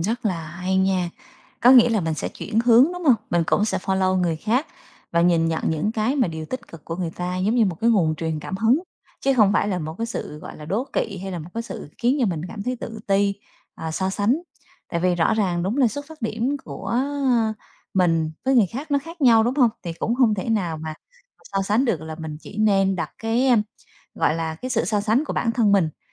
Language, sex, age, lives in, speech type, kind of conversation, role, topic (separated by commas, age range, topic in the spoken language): Vietnamese, female, 35-39, Vietnam, conversation, advice, user, Làm sao để ngừng so sánh mình với người khác trên mạng xã hội khi điều đó khiến bạn mất cảm giác đủ đầy?